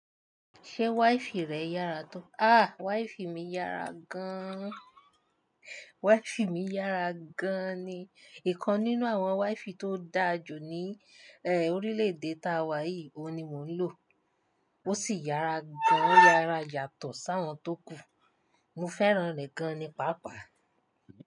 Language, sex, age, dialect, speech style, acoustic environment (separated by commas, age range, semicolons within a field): Yoruba, female, 25-34, Nigeria - Nigerian Standard, happy; relaxed, appliances; silence